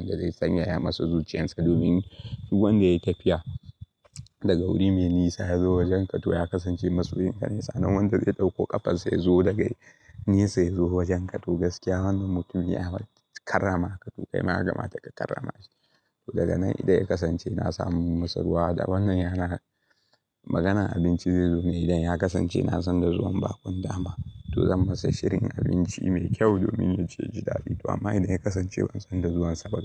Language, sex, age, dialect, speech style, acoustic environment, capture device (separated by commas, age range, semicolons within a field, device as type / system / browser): Hausa, male, 18-24, Nigeria - Kano, relaxed, nature, mobile / Linux / Chrome